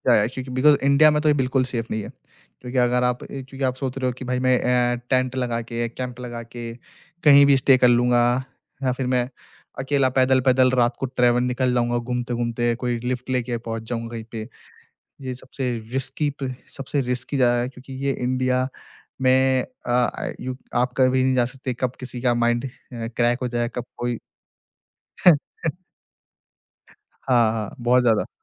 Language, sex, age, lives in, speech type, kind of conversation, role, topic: Hindi, male, 20-24, India, conversation, podcast, guest, Solo travel shuru karna ho to sabse pehla step aap kya recommend karenge?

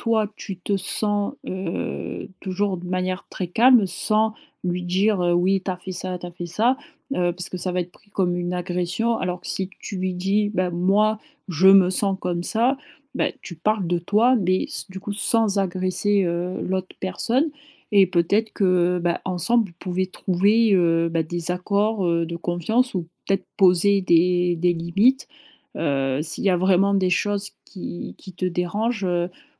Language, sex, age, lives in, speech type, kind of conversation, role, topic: French, female, 25-29, France, conversation, advice, advisor, Comment puis-je mieux gérer ma jalousie et mon insécurité dans ma relation ?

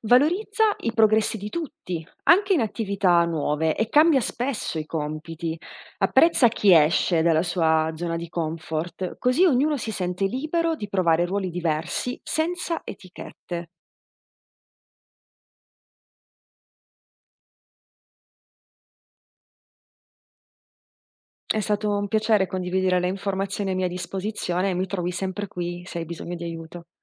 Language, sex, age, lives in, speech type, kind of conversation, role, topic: Italian, female, 30-34, Italy, read, expert-assistant, expert, Cosa succederebbe, secondo te, se in una famiglia tutti dovessero scambiarsi i ruoli per una settimana (genitori che fanno i figli e viceversa)? Quali nuove comprensioni o difficoltà potrebbero emergere?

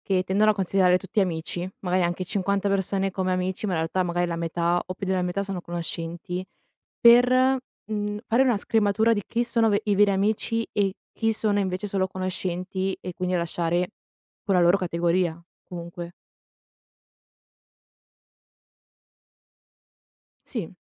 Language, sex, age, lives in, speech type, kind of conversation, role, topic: Italian, female, 20-24, Italy, conversation, podcast, host, Come creare uno spazio sicuro tra amici?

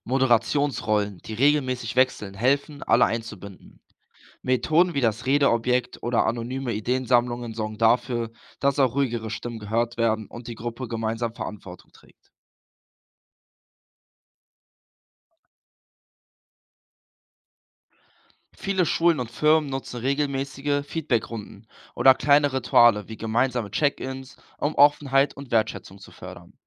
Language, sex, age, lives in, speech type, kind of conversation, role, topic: German, male, 18-19, Germany, read, expert-assistant, expert, Wie könnten Feiertage aus verschiedenen Religionen neue Ideen für gemeinsames Feiern in unserer Gesellschaft bringen?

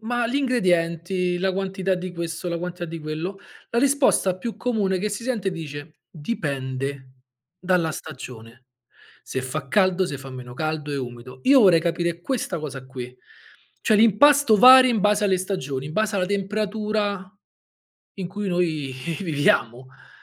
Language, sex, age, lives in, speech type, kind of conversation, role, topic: Italian, male, 35-39, Italy, conversation, podcast, host, Da dove prendi ispirazione, di solito?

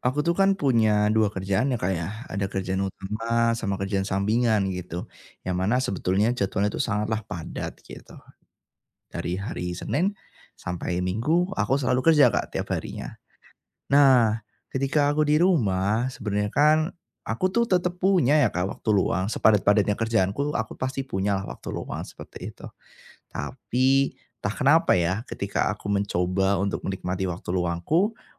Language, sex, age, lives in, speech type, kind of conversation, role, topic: Indonesian, male, 25-29, Indonesia, conversation, advice, user, Mengapa saya sulit rileks di rumah dan susah menikmati film, musik, atau membaca buku?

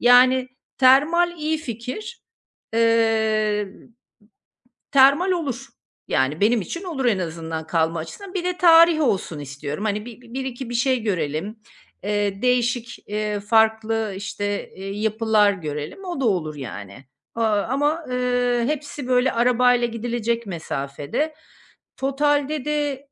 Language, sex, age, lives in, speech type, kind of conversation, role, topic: Turkish, female, 50-54, Portugal, conversation, advice, user, Seyahat planlarken belirsizlik ve kararsızlıkla nasıl başa çıkabilirim?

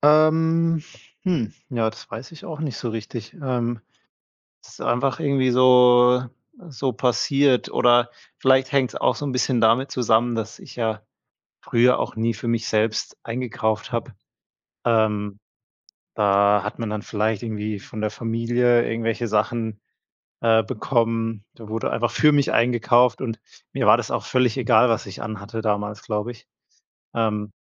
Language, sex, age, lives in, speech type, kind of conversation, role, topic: German, male, 30-34, Germany, conversation, podcast, guest, Was war der größte Stilwechsel in deinem Leben?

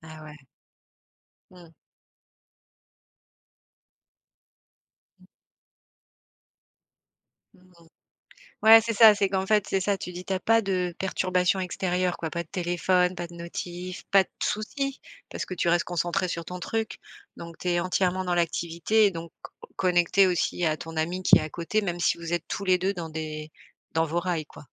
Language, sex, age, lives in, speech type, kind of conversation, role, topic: French, female, 45-49, France, conversation, unstructured, none, Qu’est-ce qui te motive à pratiquer un loisir ?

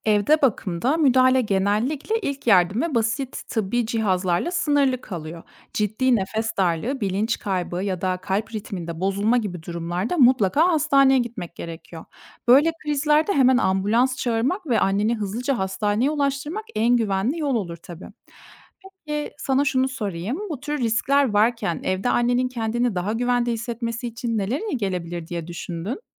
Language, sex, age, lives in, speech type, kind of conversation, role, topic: Turkish, female, 30-34, Germany, read, expert-assistant, expert, Evde bakım hizmetleri ile hastane ortamında bakım arasındaki avantajlar ve dezavantajlar nelerdir? Hangi koşullarda hangisi tercih edilmeli?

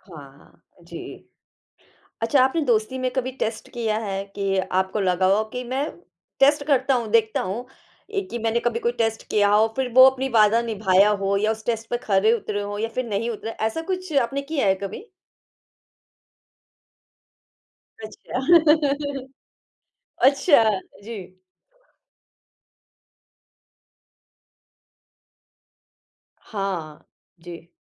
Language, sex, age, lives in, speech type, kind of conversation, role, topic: Hindi, female, 35-39, India, conversation, podcast, host, आप कैसे पहचानते हैं कि कोई सच्चा दोस्त है?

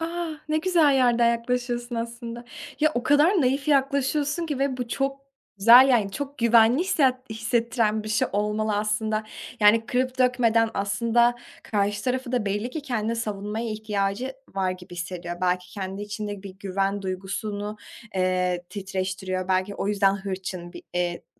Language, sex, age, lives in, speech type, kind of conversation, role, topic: Turkish, female, 20-24, Germany, conversation, podcast, host, Bugün yapacağın küçük bir değişiklik geleceğini nasıl etkiler?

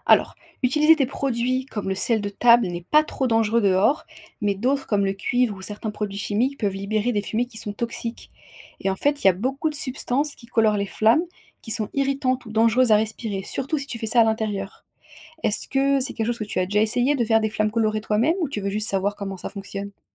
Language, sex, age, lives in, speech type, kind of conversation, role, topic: French, female, 25-29, France, read, expert-assistant, expert, Qu’est-ce qui rend le feu chaud et lumineux ?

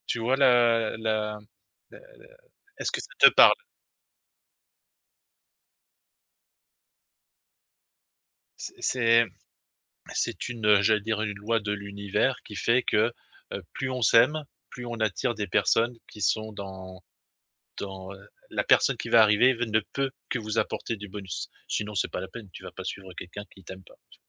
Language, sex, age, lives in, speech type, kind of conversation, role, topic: French, male, 50-54, France, conversation, advice, advisor, Comment puis-je apprendre à faire confiance à nouveau après une rupture et avancer vers une nouvelle relation ?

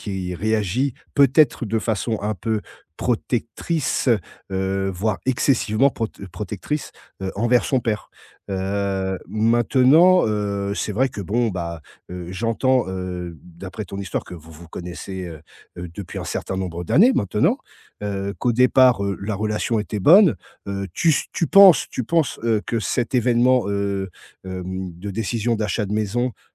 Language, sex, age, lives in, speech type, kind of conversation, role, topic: French, male, 40-44, France, conversation, advice, advisor, Comment gérer des conflits récurrents avec votre belle-famille ?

